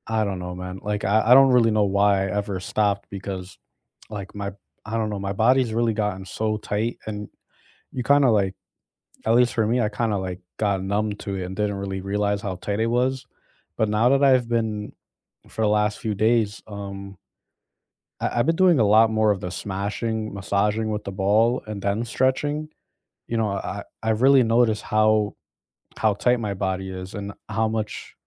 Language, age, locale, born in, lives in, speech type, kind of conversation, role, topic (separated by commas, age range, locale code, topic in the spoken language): English, 25-29, en-US, United States, United States, conversation, unstructured, none, What is a small goal you are excited about this month?